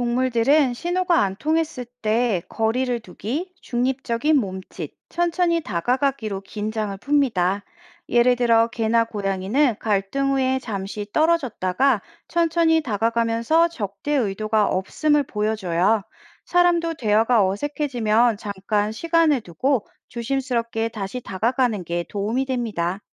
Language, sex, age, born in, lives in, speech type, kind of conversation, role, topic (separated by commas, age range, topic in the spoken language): Korean, female, 40-44, South Korea, France, read, expert-assistant, expert, 동물의 사회적 행동을 이해하는 것이 인간관계 개선에 어떤 도움을 줄 수 있을까요?